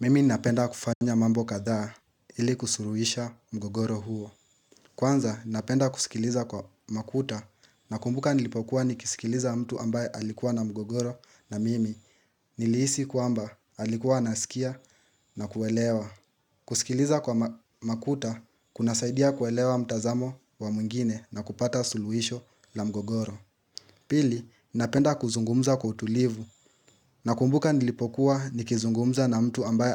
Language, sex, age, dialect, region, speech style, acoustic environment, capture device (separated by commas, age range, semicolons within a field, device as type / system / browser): Swahili, male, 18-24, Kenya - Nairobi Sheng-influenced, Kenya, excited; relaxed; anxious; happy, silence; nature, mobile / Linux / Chrome